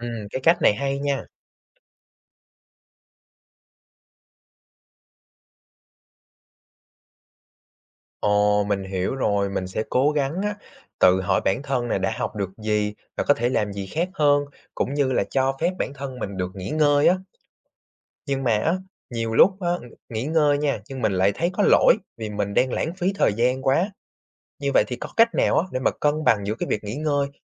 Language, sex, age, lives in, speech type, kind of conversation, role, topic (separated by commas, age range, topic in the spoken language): Vietnamese, male, 20-24, Vietnam, read, expert-assistant, asker, Làm thế nào để vượt qua cảm giác thất bại trong học tập?